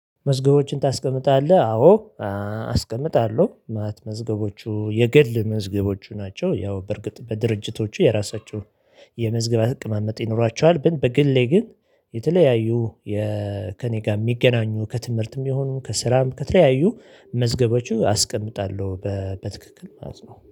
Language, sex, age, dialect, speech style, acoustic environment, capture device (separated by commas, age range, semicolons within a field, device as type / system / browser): Amharic, male, 35-44, Ethiopia - Addis Ababa, excited, nature, mobile / Linux / Chrome